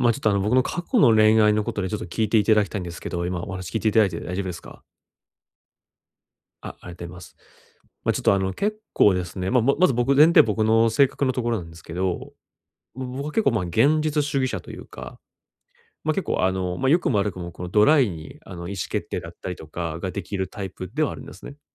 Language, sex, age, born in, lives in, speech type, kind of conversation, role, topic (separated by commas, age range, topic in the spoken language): Japanese, male, 30-34, Japan, Japan, conversation, advice, user, 相手の気持ちや視点を理解し、歩み寄るにはどうすればよいですか？